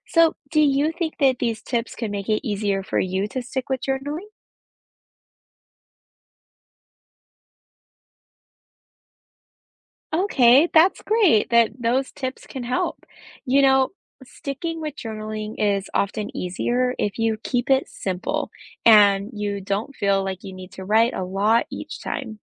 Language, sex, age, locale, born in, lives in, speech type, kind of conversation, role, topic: English, female, 30-34, en-US, United States, United States, read, expert-assistant, expert, What are the advantages of keeping a daily journal?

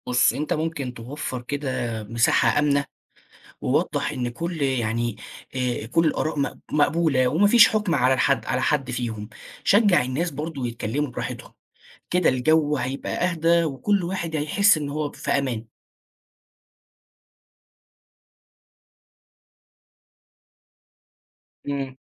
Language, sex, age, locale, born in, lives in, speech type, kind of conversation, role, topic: Arabic, male, 20-24, ar-EG, Egypt, Egypt, read, expert-assistant, expert, لو عندك فكرة تعمل سلسلة دردشة عن أهم اللحظات اللي غيرت تاريخ الأديان، هتبتدي منين وتخطط إزاي؟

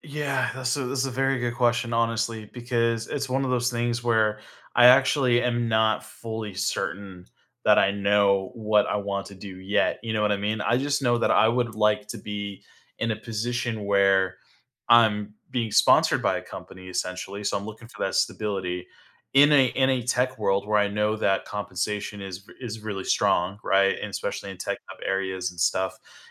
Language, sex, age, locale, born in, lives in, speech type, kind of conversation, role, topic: English, male, 30-34, en-US, United States, United States, conversation, advice, user, How can I cope with uncertainty about a big life change?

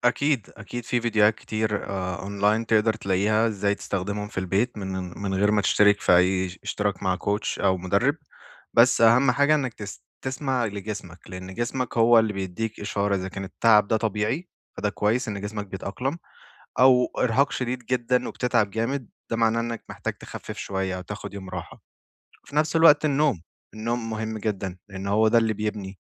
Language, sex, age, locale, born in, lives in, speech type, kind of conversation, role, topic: Arabic, male, 25-29, ar-EG, Saudi Arabia, Egypt, conversation, advice, advisor, إزاي أقدر أداوم على التمرين بانتظام من غير ما أحس بإرهاق أو ملل؟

